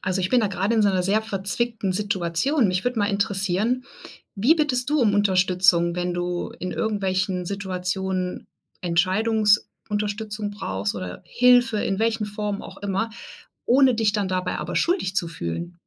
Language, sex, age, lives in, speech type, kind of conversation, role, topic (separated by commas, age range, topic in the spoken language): German, female, 40-44, Germany, conversation, podcast, host, Wie bittest du um Unterstützung, ohne dich schuldig zu fühlen?